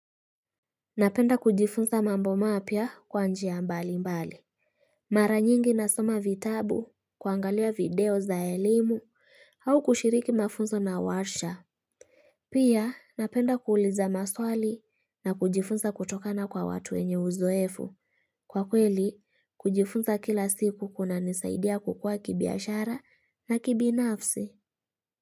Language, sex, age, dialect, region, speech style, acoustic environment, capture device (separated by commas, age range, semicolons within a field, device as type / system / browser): Swahili, female, 25-34, Kenya - Kitui, Kenya, happy, nature; appliances, mobile / Linux / Chrome